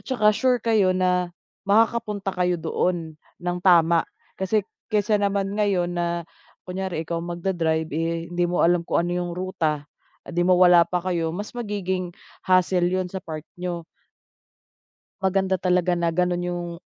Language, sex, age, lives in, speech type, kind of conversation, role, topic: Filipino, female, 30-34, Philippines, conversation, advice, advisor, Paano ako makakatiyak na ligtas at komportable ang aking paglalakbay?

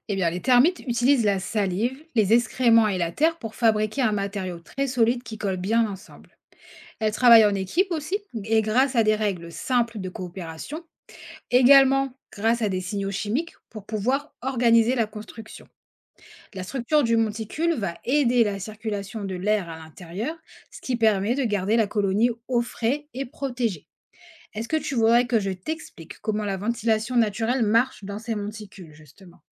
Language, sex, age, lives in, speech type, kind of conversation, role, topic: French, female, 30-34, France, read, expert-assistant, expert, Comment les termites construisent-ils des monticules si grands ?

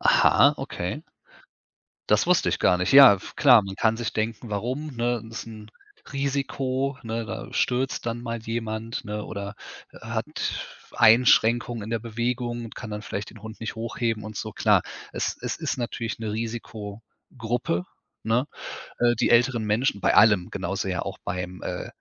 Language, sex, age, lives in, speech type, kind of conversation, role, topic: German, male, 35-39, Germany, conversation, podcast, guest, Wann ist Alleinsein gesund, und ab wann wird es gefährlich?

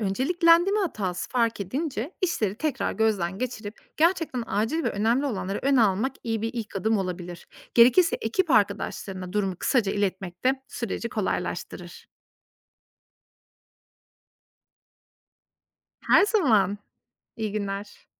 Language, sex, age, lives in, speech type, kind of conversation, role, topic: Turkish, female, 35-39, Austria, read, expert-assistant, expert, Kamu çalışanları iş gününü daha verimli nasıl planlayabilir?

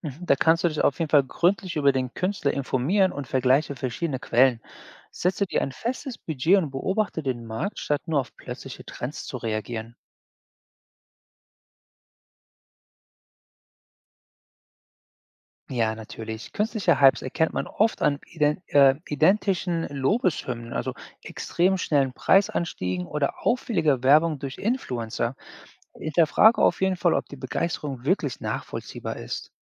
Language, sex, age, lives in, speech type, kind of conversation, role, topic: German, male, 40-44, United States, read, expert-assistant, expert, Wie kann ich erkennen, ob ein digitales Kunstwerk wirklich vom angegebenen Künstler stammt?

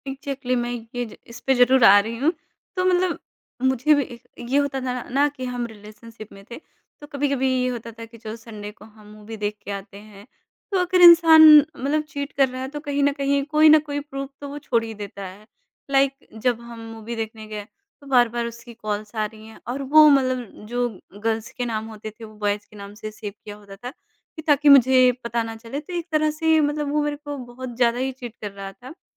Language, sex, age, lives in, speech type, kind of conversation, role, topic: Hindi, male, 30-34, India, conversation, podcast, guest, Ek rishte mein dobara bharosa banane ka pehla kadam kya hota hai?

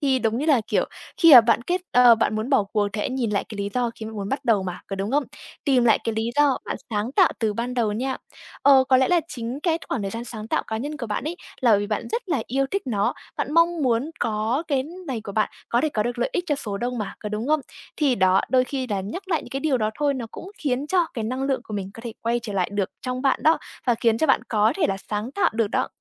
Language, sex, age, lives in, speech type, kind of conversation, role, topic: Vietnamese, female, 20-24, Vietnam, conversation, advice, advisor, Deadline công việc chuyên môn lấn át thời gian sáng tạo cá nhân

